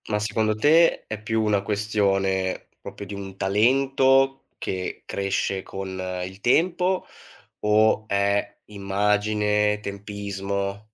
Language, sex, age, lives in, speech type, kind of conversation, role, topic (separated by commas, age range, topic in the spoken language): Italian, male, 25-29, Italy, conversation, podcast, host, Che cosa rende un’icona duratura nel mondo dell’intrattenimento?